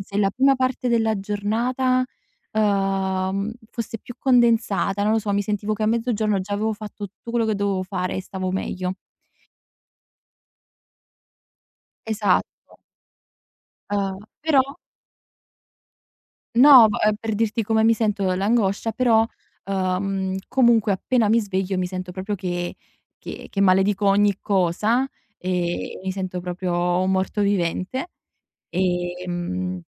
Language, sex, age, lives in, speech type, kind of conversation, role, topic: Italian, female, 20-24, Italy, conversation, advice, user, Come posso gestire il sovraccarico di lavoro ed evitare il burnout mentre porto avanti la mia startup?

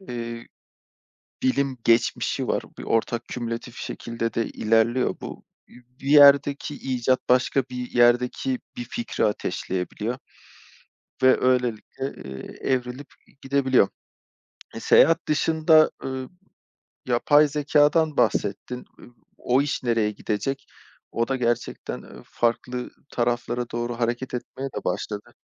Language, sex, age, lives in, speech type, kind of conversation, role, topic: Turkish, male, 35-39, Poland, conversation, unstructured, none, Gelecekte hangi teknolojik icat seni en çok şaşırtır?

